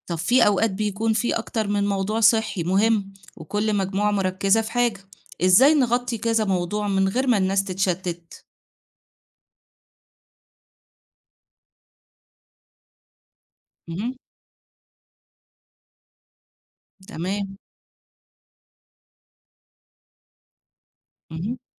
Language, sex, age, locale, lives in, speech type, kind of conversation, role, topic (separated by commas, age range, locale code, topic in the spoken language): Arabic, female, 40-44, ar-EG, Egypt, read, expert-assistant, asker, لو عايزين نوصل نصايح الصحة للناس في المناطق الشعبية، نمشي بإيه نظام أو خطة؟